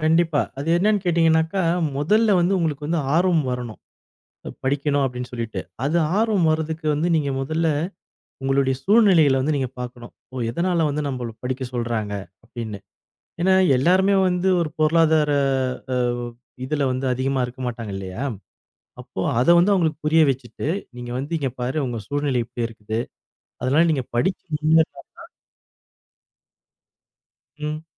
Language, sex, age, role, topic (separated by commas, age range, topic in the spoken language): Tamil, male, 40-44, guest, இளம் மாணவர்களுக்கு முக்கியமான ஒரு படிப்பு அறிவுரை என்ன?